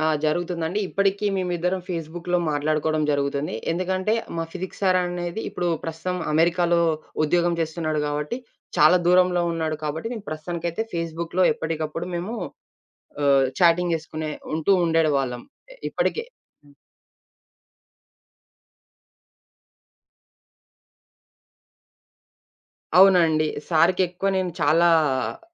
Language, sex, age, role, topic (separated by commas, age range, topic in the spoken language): Telugu, male, 20-24, guest, మీ గురువు చేసిన చిన్న సహాయం మీ జీవితాన్ని పెద్దగా మార్చిన ఉదాహరణ ఏమిటి?